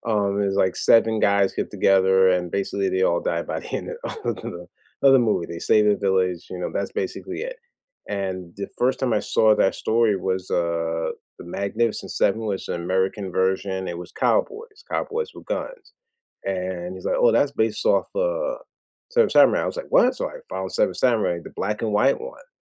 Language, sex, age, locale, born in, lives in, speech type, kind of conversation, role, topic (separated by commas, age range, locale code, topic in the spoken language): English, male, 40-44, en-US, United States, United States, conversation, unstructured, none, Which school-assigned book has stayed with you, and why does it still resonate?